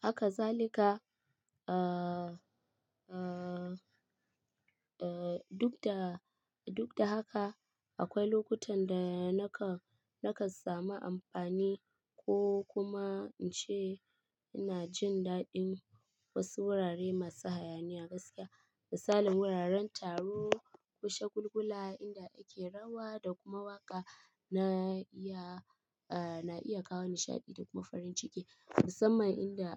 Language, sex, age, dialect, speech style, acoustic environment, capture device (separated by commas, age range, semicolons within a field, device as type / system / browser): Hausa, female, 25-34, Nigeria - Kano, happy; stressed; relaxed, people talking; appliances; silence, mobile / Linux / Chrome